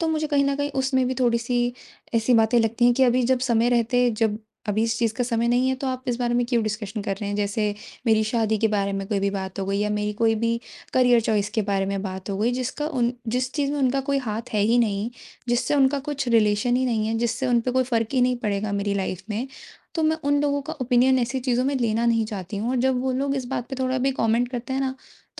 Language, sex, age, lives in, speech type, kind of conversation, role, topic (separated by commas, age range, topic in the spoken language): Hindi, female, 20-24, India, conversation, advice, user, परिवारिक मिलन में अपने मूल्यों से अलग सोच रखने वाले रिश्तेदारों के साथ रिश्ते बनाए रखते हुए सीमाएँ कैसे निर्धारित करूँ?